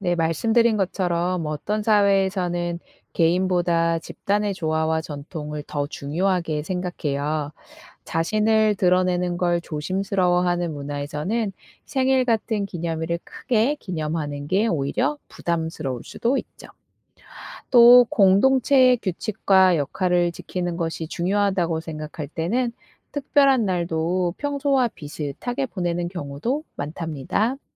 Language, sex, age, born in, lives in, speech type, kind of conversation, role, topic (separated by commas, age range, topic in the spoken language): Korean, female, 35-39, South Korea, South Korea, read, expert-assistant, expert, 왜 우리는 생일이나 기념일을 특별하게 생각할까요?